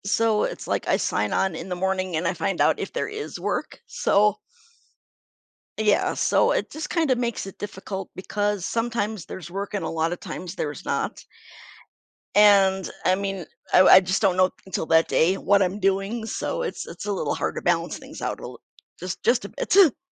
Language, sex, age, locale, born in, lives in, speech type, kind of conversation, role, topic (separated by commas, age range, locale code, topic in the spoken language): English, female, 45-49, en-US, United States, United States, conversation, advice, user, How can I better balance my work and personal life?